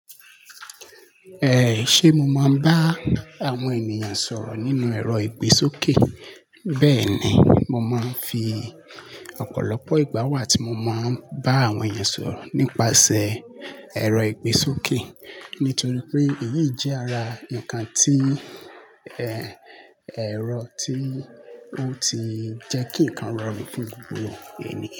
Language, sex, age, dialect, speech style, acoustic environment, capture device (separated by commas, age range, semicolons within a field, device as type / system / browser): Yoruba, male, 25-34, Nigeria - Lagos Yoruba, happy, people talking; appliances, mobile / Linux / Chrome